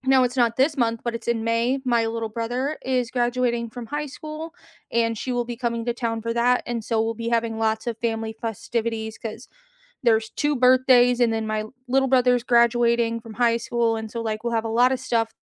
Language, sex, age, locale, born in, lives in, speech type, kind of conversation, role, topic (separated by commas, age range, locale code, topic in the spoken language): English, female, 30-34, en-US, United States, United States, conversation, unstructured, none, What are you looking forward to this month?